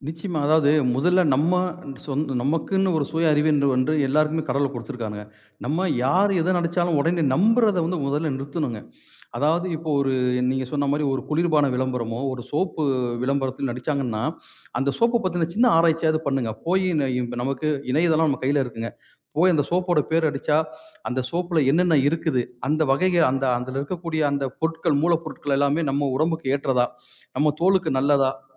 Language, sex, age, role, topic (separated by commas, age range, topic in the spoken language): Tamil, male, 40-44, guest, பிரபலமான நடிகர்கள் சமூக வலைதளங்களில் அதிகமாக செயல்படுவது ரசிகர்களை எவ்வாறு பாதிக்கிறது?